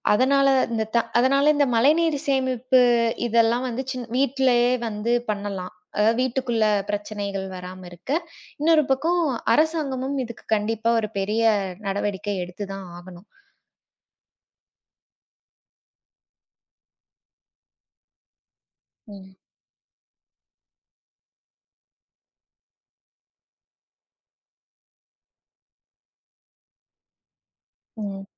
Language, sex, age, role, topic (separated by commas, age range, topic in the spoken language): Tamil, female, 25-29, guest, மழை பெய்யும் போது உங்களுக்கு என்னென்ன நினைவுகள் தோன்றுகின்றன?